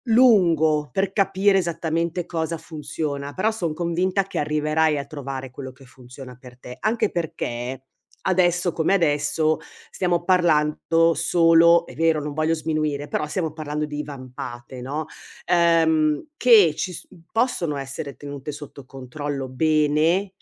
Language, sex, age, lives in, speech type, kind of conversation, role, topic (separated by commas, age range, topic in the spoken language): Italian, female, 55-59, Italy, conversation, advice, advisor, Come descriveresti una diagnosi di salute che ha cambiato le tue abitudini quotidiane?